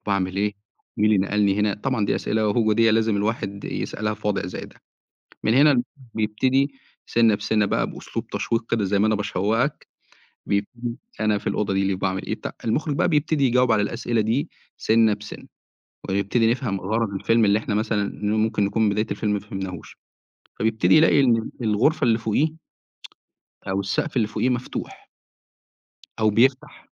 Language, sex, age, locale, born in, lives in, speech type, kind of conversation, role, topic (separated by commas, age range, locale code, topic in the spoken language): Arabic, male, 25-29, ar-EG, Egypt, Egypt, conversation, podcast, guest, احكيلي عن فيلم غيّر نظرتك للحياة؟